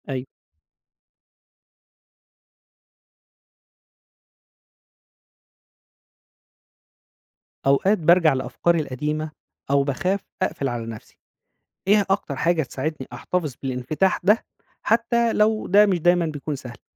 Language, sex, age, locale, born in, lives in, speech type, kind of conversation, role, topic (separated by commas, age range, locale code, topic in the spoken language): Arabic, male, 35-39, ar-EG, Egypt, Egypt, read, expert-assistant, asker, إزاي أقدر أبني علاقات صداقات قوية في مدينة كبيرة زي القاهرة، خصوصًا مع سرعة الحياة وتغير الناس؟